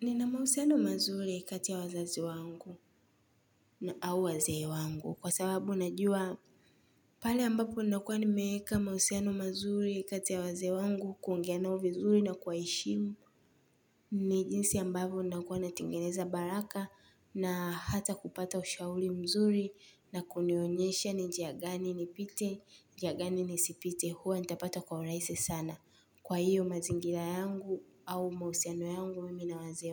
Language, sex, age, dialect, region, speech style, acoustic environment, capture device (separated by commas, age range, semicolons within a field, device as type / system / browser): Swahili, female, 18-24, Tanzania - Dar es Salaam, Tanzania, relaxed, nature, mobile / Linux / Chrome